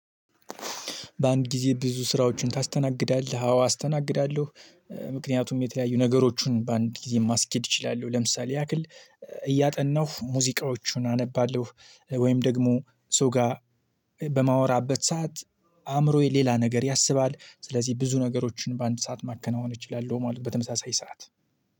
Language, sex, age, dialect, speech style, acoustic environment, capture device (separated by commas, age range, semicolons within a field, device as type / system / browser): Amharic, male, 25-34, Ethiopia - Gojjam, focused, silence, mobile / Linux / Chrome